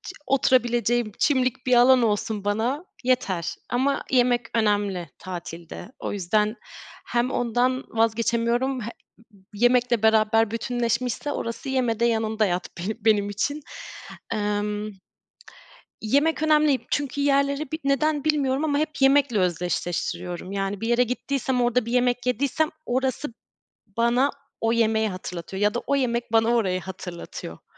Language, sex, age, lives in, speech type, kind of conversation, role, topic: Turkish, female, 30-34, Germany, conversation, unstructured, none, Tatil planlarken nelere dikkat edersin?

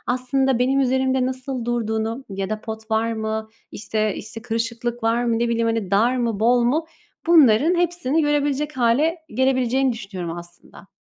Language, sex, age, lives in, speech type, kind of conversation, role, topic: Turkish, female, 25-29, Italy, conversation, unstructured, none, Online alışveriş mi yoksa mağazadan alışveriş mi daha pratiktir?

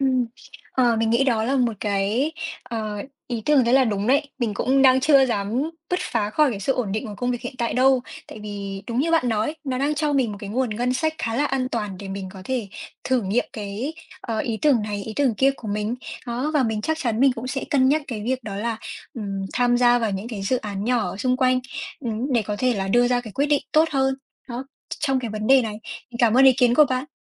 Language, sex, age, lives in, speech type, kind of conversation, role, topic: Vietnamese, female, 20-24, Vietnam, conversation, advice, user, Nên nghỉ việc để khởi nghiệp hay tiếp tục gắn bó với công việc hiện tại ổn định?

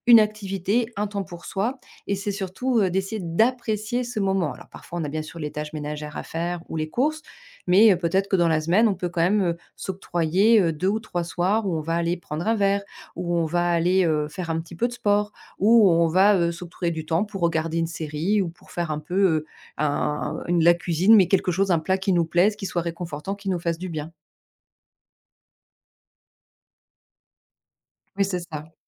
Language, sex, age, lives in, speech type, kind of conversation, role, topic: French, female, 40-44, France, conversation, podcast, guest, Comment trouves-tu l’équilibre entre le travail et ta vie personnelle ?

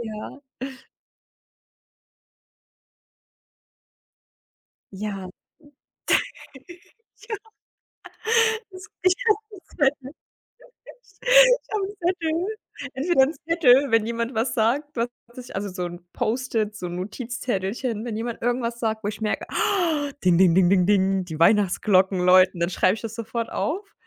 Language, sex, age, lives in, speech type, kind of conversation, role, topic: German, female, 30-34, Germany, conversation, advice, user, Wie kann ich damit umgehen, dass mich mehrere Rechnungen und Schuldenzahlungen überfordern?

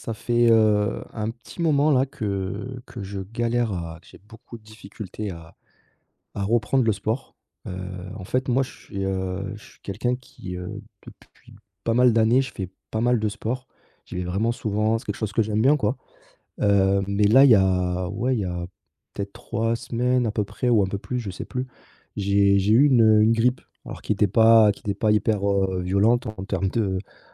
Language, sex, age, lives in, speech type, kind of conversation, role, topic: French, male, 30-34, France, conversation, advice, user, Comment puis-je reprendre une habitude saine après une longue pause ?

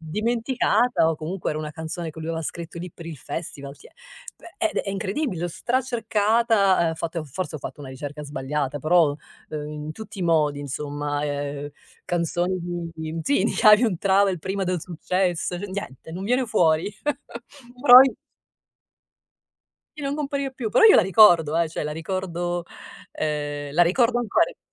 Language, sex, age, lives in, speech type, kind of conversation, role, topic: Italian, female, 50-54, United States, conversation, podcast, guest, Qual è la canzone che ti ha cambiato la vita?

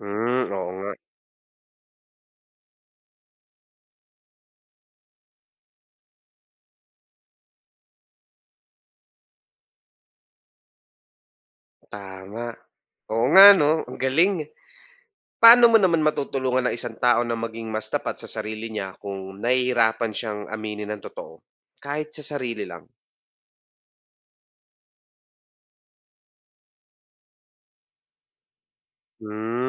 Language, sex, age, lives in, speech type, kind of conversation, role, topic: Filipino, male, 25-29, Philippines, read, expert-assistant, asker, Bakit mahalaga ang pagiging tapat sa sarili at sa kapwa?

